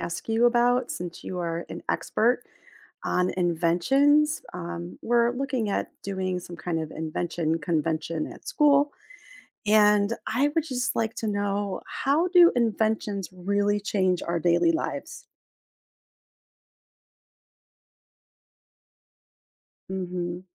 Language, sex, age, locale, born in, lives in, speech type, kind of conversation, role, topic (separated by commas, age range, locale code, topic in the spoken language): English, female, 45-49, en-US, United States, United States, read, expert-assistant, asker, How do inventions change our daily lives?